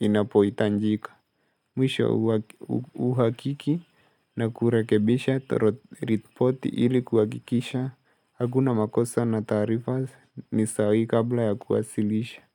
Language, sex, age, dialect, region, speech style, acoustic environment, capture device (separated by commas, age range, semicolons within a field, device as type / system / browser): Swahili, male, 18-24, Kenya - Mombasa, Kenya, relaxed, silence, mobile / Linux / Chrome